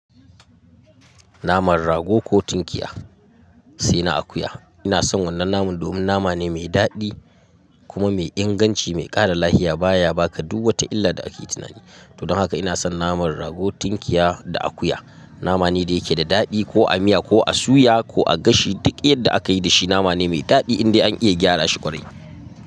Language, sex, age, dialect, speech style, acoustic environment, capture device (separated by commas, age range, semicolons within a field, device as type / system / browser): Hausa, male, 25-34, Nigeria - Katsina, happy, silence, mobile / Linux / Chrome